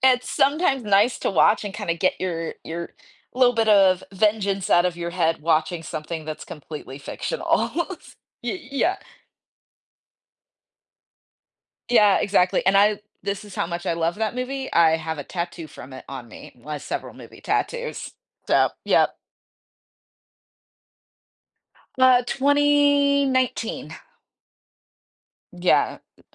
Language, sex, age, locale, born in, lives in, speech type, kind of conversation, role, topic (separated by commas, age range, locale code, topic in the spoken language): English, female, 40-44, en-US, United States, United States, conversation, podcast, guest, How can a movie leave a lasting impact on your life or perspective?